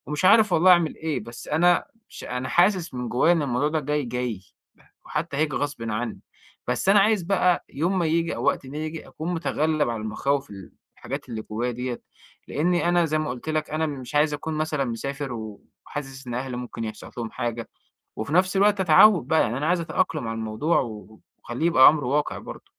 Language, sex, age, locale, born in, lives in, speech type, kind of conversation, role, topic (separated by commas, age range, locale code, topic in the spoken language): Arabic, male, 25-29, ar-EG, Egypt, Egypt, conversation, advice, user, إزاي أتعامل مع خوفي وقلقي وأنا بسافر وبستكشف أماكن جديدة؟